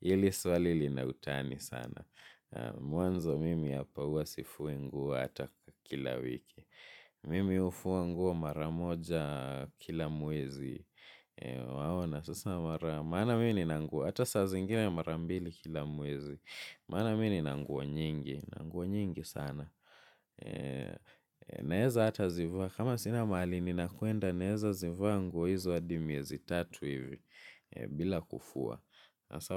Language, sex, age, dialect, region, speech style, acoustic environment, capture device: Swahili, male, 18-24, Kenya - Mombasa, Kenya, focused, silence, mobile / Linux / Chrome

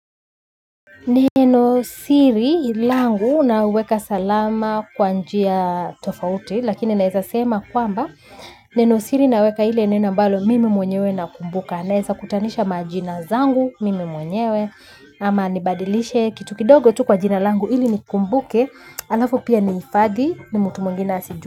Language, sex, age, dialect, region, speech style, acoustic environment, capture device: Swahili, female, 25-34, Kenya - Nairobi Sheng-influenced, Kenya, focused, nature, mobile / Linux / Chrome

